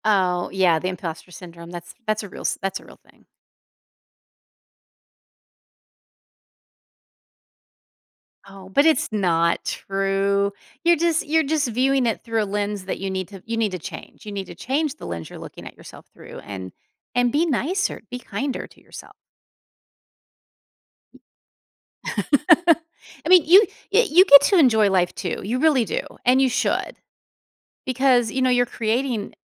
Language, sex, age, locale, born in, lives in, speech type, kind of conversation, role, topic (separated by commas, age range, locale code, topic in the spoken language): English, female, 50-54, en-US, United States, United States, conversation, advice, advisor, How can I better balance my work responsibilities with my personal life?